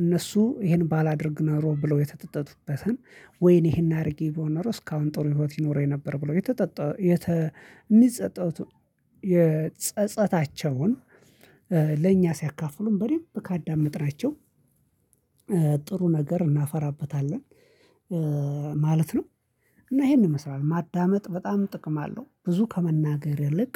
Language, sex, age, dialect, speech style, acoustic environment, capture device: Amharic, male, 25-34, Ethiopia - Addis Ababa, focused, silence; nature, mobile / Linux / Chrome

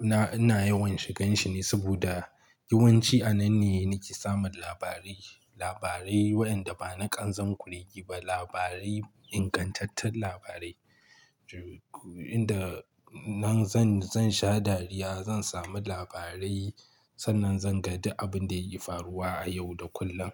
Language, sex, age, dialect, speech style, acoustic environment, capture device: Hausa, male, 25-34, Nigeria - Kano, relaxed, silence, mobile / Linux / Chrome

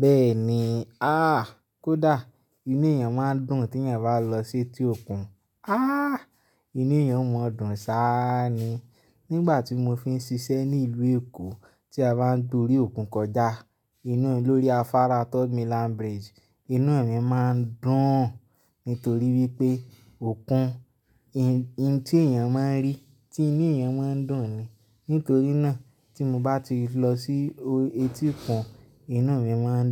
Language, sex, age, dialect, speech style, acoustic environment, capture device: Yoruba, male, 25-34, Nigeria - Lagos Yoruba, happy, silence, mobile / Linux / Chrome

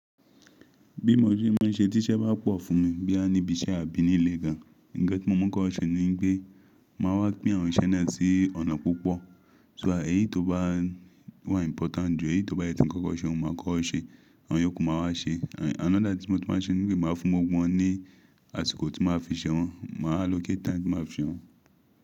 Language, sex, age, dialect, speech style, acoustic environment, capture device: Yoruba, male, 25-34, Nigeria - Ibadan, happy, silence, mobile / Linux / Chrome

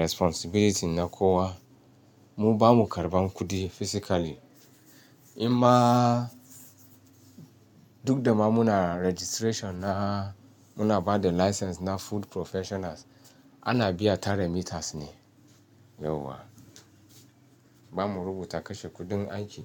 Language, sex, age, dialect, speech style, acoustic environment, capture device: Hausa, male, 35-44, Nigeria - Ibadan, relaxed, nature, mobile / Linux / Chrome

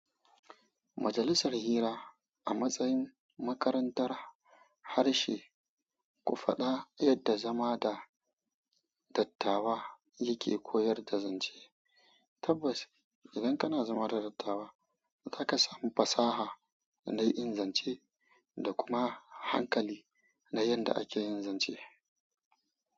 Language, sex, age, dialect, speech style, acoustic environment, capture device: Hausa, female, 25-34, Nigeria - Kano, happy; excited; focused, silence, mobile / Android / Chrome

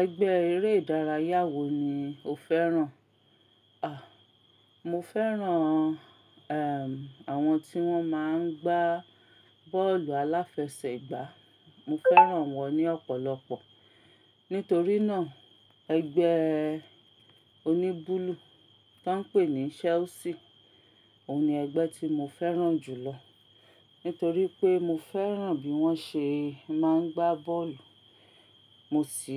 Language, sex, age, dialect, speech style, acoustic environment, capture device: Yoruba, female, 35-44, Nigeria - Ibadan, focused, silence, mobile / Linux / Chrome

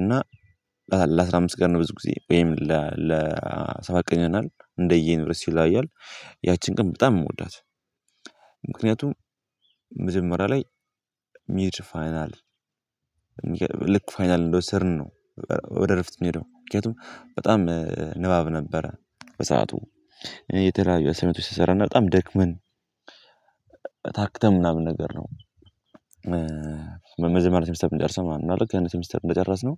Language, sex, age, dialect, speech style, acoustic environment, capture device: Amharic, male, 18-24, Ethiopia - Gondar, happy; nervous; anxious, people talking; music; nature, mobile / Linux / Chrome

